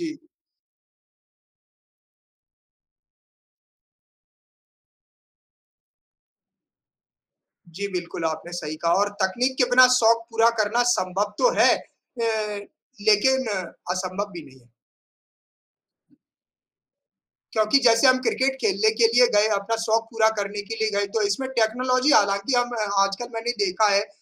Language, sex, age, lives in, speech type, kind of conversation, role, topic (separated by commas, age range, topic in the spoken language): Hindi, male, 35-39, India, conversation, unstructured, none, क्या आप तकनीक के बिना अपने शौक पूरे कर सकते हैं?